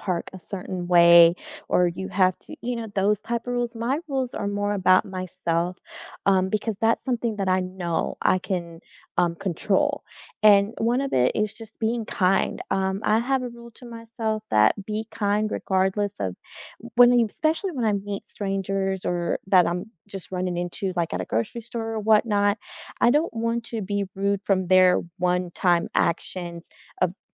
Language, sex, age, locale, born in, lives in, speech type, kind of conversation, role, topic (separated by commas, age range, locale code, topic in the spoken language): English, female, 35-39, en-US, United States, United States, conversation, unstructured, none, What personal rule guides your everyday choices, and where did it come from?